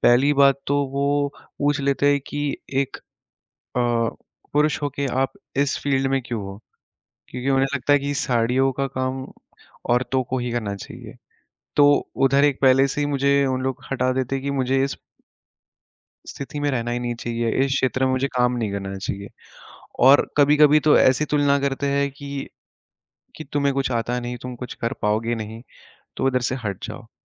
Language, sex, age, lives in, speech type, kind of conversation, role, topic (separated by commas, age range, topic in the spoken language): Hindi, male, 20-24, India, conversation, advice, user, सोशल मीडिया पर दूसरों से तुलना करने के कारण आपकी प्रेरणा कैसे कम हुई है?